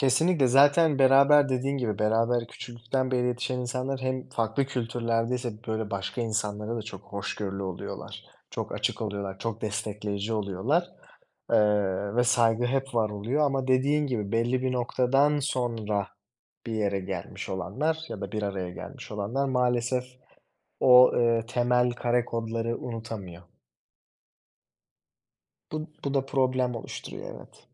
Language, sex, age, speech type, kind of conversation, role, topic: Turkish, male, 25-29, conversation, unstructured, none, Kendi hayatını anlatan bir film yapılsaydı, ne anlatırdı?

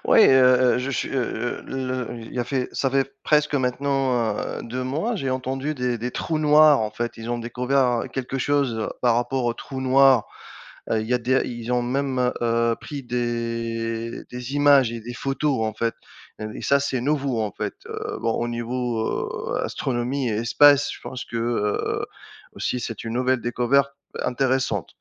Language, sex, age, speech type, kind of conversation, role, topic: French, male, 35-39, conversation, unstructured, none, Quelle découverte scientifique t’a le plus surprise ?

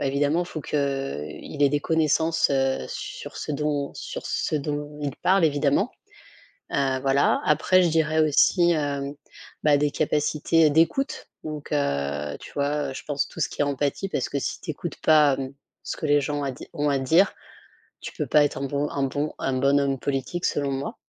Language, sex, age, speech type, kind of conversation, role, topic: French, female, 35-39, conversation, unstructured, none, Quelles qualités sont importantes pour un bon dirigeant politique ?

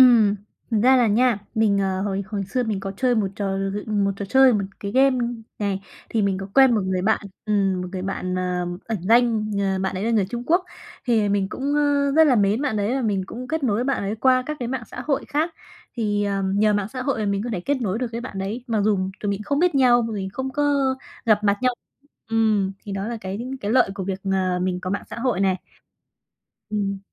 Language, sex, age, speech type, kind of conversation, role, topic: Vietnamese, female, 20-24, conversation, podcast, guest, Bạn thấy mạng xã hội giúp hay làm xa cách mọi người hơn?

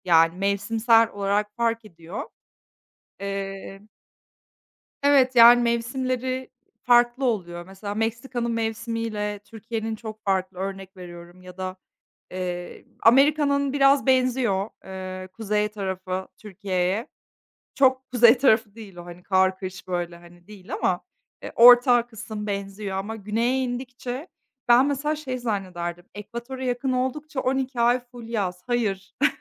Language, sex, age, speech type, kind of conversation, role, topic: Turkish, female, 30-34, conversation, unstructured, none, En unutulmaz tatil anın hangisiydi?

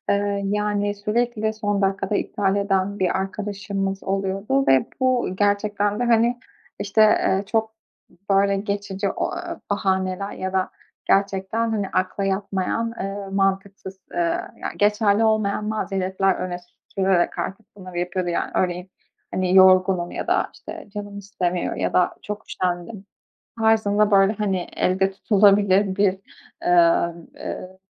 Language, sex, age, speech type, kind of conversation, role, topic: Turkish, female, 25-29, conversation, advice, user, Arkadaşımın randevuları sürekli iptal etmesi beni hayal kırıklığına uğratıyorsa ne yapmalıyım?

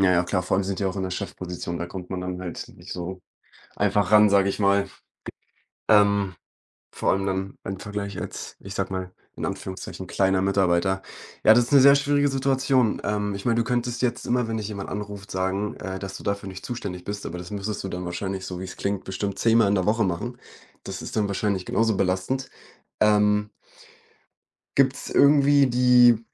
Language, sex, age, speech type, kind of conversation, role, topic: German, male, 20-24, conversation, advice, advisor, Wie kann ich damit umgehen, dass mein Chef und meine Kollegen außerhalb der Arbeitszeit ständige Erreichbarkeit erwarten?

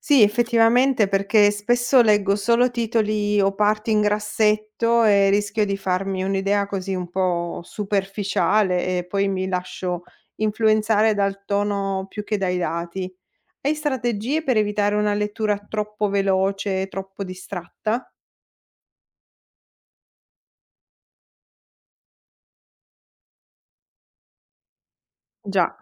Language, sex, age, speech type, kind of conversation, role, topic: Italian, female, 45-49, read, expert-assistant, asker, Come faccio a sapere se le notizie sulla psicologia sociale che leggo online sono serie o solo opinioni?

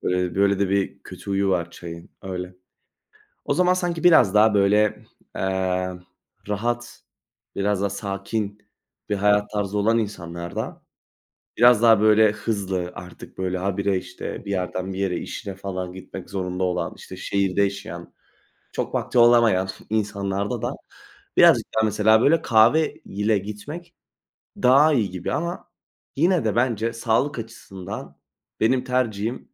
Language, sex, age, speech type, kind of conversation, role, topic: Turkish, male, 20-24, conversation, unstructured, none, Kahvaltıda çayı mı yoksa kahveyi mi tercih edersiniz?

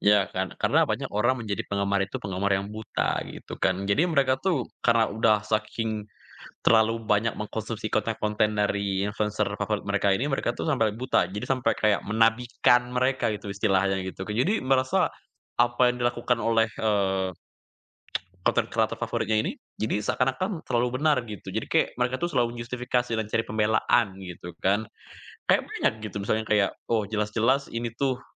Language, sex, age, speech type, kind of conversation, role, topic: Indonesian, male, 20-24, conversation, podcast, guest, Mengapa banyak orang suka mengikuti kehidupan sehari-hari influencer?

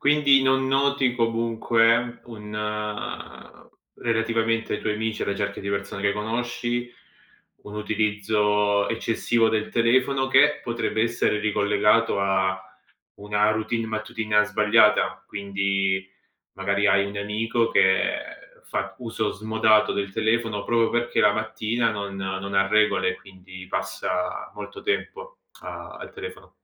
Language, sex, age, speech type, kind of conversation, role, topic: Italian, male, 30-34, conversation, podcast, host, Come gestisci l’uso dello schermo e del telefono appena ti svegli?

